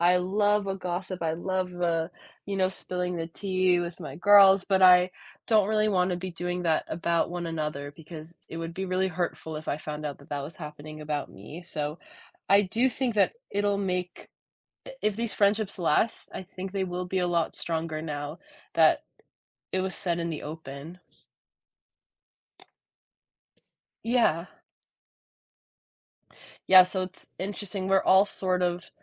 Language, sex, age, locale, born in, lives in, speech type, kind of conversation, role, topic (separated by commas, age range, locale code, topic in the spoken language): English, female, 20-24, en-US, United States, United States, conversation, podcast, guest, What helps you maintain strong friendships when you don’t see eye to eye?